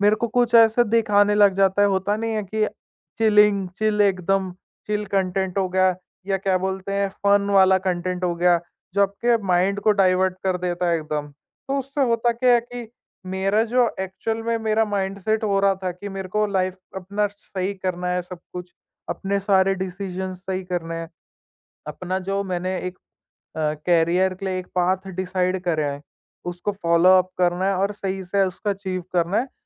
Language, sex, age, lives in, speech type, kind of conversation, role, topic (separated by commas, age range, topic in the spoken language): Hindi, male, 20-24, India, conversation, podcast, guest, Social media tumhare liye creative fuel hai ya energy drain?